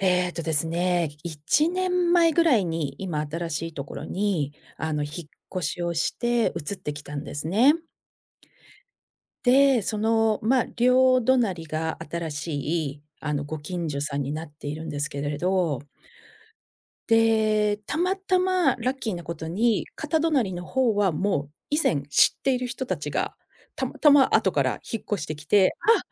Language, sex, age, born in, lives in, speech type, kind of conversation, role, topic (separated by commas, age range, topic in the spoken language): Japanese, female, 50-54, Japan, United States, conversation, advice, user, 近所づきあいのやり方に戸惑っている